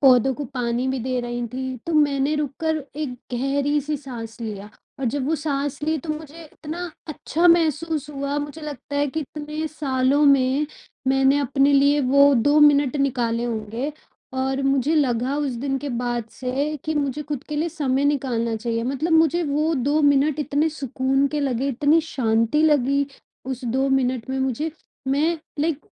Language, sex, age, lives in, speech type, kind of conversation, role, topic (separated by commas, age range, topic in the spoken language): Hindi, female, 25-29, India, conversation, advice, user, लघु यात्राएँ और छोटे-छोटे विराम मेरे रचनात्मक विचार कैसे बढ़ा सकते हैं?